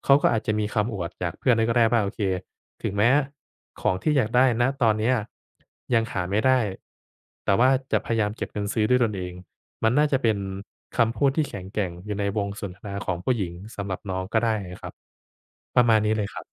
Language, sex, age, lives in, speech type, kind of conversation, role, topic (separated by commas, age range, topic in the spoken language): Thai, male, 25-29, Thailand, conversation, advice, advisor, คุณซื้อของเพื่อให้ดูดีในสายตาคนอื่นหรือเพราะอยากแข่งขันกับคนอื่นกันแน่?